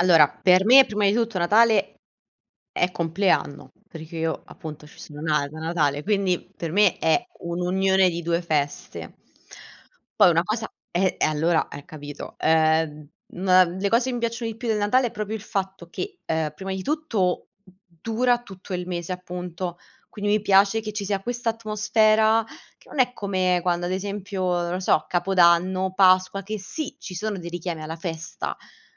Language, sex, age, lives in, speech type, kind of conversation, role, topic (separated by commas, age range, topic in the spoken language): Italian, female, 25-29, Italy, conversation, unstructured, none, Quale festa culturale ti piace di più e perché?